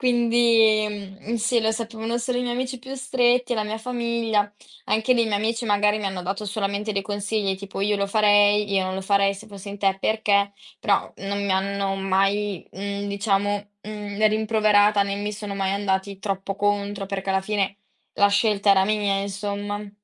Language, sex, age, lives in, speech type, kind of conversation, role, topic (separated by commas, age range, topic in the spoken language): Italian, female, 18-19, Italy, conversation, podcast, guest, Come scegli tra stabilità e avventura nella vita?